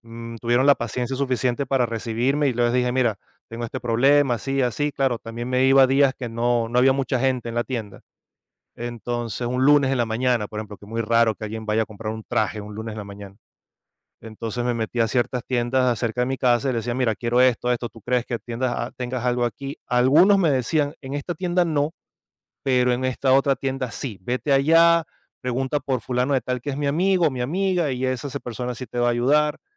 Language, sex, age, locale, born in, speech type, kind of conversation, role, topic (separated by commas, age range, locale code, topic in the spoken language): Spanish, male, 45-49, es-VE, Venezuela, conversation, podcast, guest, ¿Qué serie o película influyó en tu estilo personal?